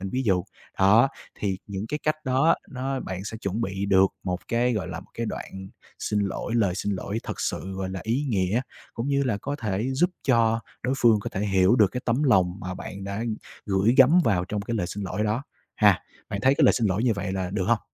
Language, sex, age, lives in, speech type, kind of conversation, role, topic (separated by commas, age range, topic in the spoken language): Vietnamese, male, 25-29, Vietnam, conversation, advice, advisor, Mình muốn xin lỗi nhưng sợ phản ứng của người kia, mình nên làm gì?